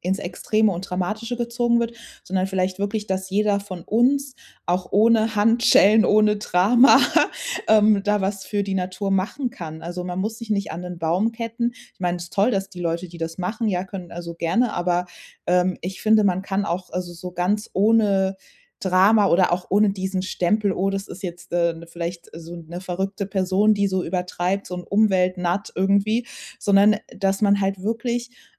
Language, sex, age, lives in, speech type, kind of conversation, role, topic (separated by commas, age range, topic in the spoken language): German, female, 30-34, Germany, conversation, podcast, guest, Was hat dich der Verlust eines Stücks Natur über Wertschätzung gelehrt?